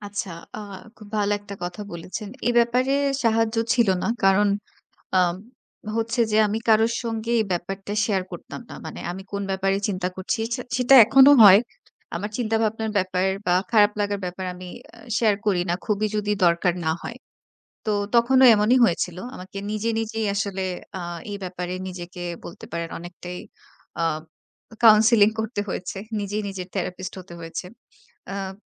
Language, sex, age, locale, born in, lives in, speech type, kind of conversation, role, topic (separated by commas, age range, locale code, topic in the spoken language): Bengali, female, 25-29, bn-BD, Bangladesh, Bangladesh, conversation, podcast, guest, আপনি কীভাবে তুলনা করার অভ্যাস থেকে বেরিয়ে আসতে পারেন?